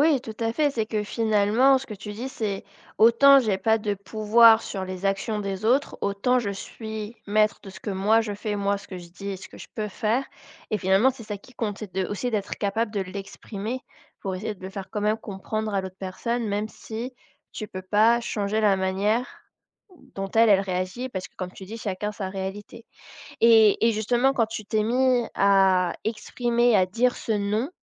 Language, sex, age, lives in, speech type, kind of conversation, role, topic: French, female, 25-29, France, conversation, podcast, host, Quand faut-il dire non aux attentes familiales, selon toi ?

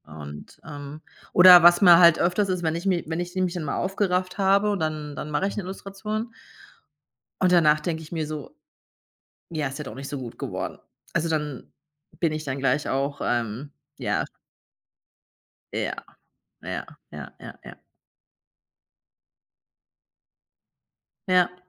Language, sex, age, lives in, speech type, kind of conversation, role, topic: German, female, 45-49, Germany, conversation, advice, user, Wie erlebst du, dass der Vergleich mit anderen deinen kreativen Fluss blockiert?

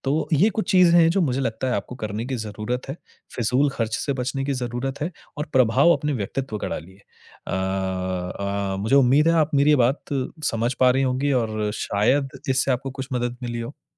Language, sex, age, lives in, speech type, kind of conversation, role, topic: Hindi, male, 30-34, India, conversation, advice, advisor, भावनाओं में आकर आप कब और क्यों बार-बार जरूरत से ज्यादा खर्च कर बैठते हैं?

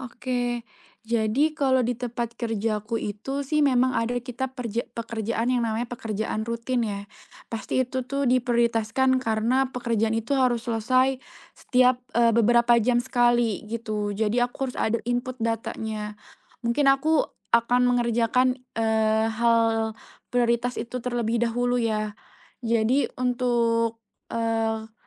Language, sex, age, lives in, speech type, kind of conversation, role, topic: Indonesian, female, 25-29, Indonesia, conversation, advice, user, Bagaimana cara mengatasi rasa takut kembali bekerja setelah cuti karena khawatir kelelahan kambuh?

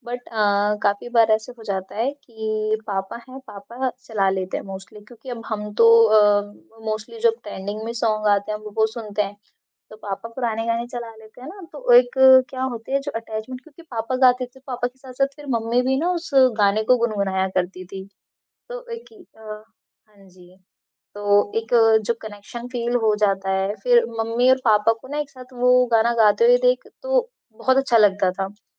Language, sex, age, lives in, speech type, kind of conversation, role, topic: Hindi, female, 20-24, India, conversation, podcast, guest, Bachpan ka kaunsa gaana aaj bhi tumhe yaad hai, aur kyun?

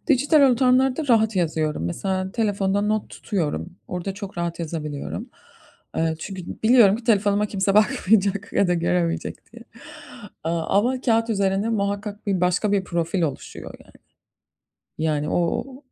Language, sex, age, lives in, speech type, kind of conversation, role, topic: Turkish, female, 35-39, United States, conversation, advice, user, Her gün yazı yazma ya da günlük tutma alışkanlığını neden bir türlü başlatamıyorum?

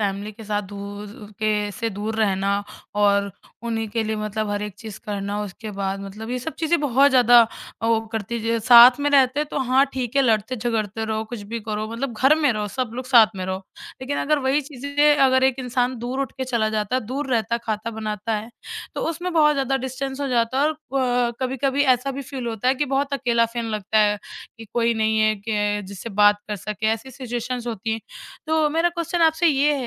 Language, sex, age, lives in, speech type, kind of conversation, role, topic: Hindi, female, 20-24, India, conversation, podcast, host, Aap career aur parivaar ke beech santulan ka faisla kaise karte ho?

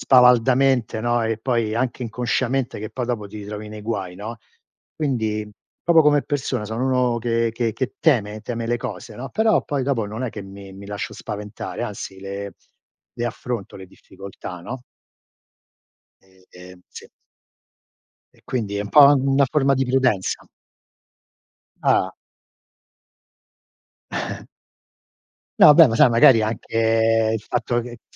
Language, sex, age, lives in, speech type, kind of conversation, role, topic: Italian, male, 60-64, United States, conversation, unstructured, none, Quale esperienza vorresti assolutamente vivere in futuro?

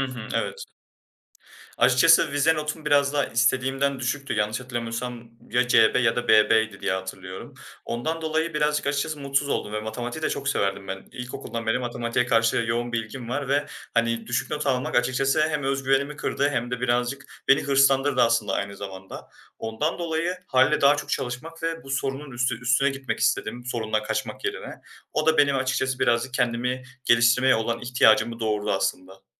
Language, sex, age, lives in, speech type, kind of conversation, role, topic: Turkish, male, 20-24, Germany, conversation, podcast, guest, Kendini yeniden eğitmeye başlamak için ilk adımın ne olurdu?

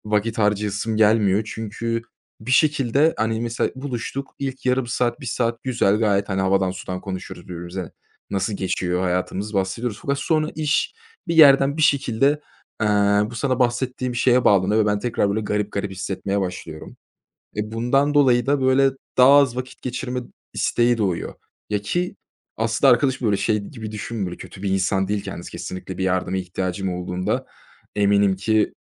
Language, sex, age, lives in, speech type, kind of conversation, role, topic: Turkish, male, 25-29, Germany, conversation, advice, user, Arkadaş grubumda sürekli rekabet ve kıskançlık içeren davranışlarla nasıl başa çıkabilirim?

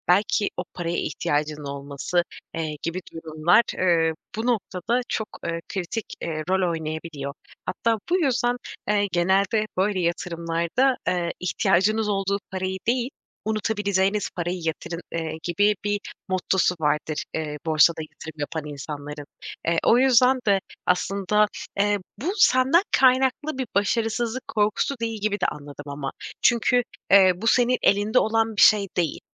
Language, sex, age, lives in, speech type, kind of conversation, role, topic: Turkish, female, 25-29, Poland, conversation, advice, advisor, Başarısızlık korkusu yüzünden yeni bir projeye başlamayı neden erteliyorum?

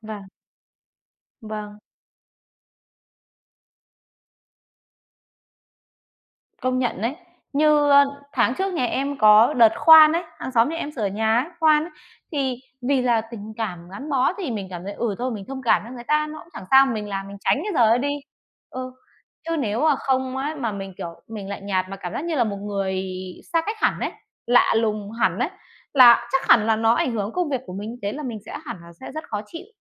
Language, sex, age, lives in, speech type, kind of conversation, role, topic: Vietnamese, female, 30-34, Vietnam, conversation, unstructured, none, Điều gì khiến bạn cảm thấy gắn bó với cộng đồng nơi bạn sống?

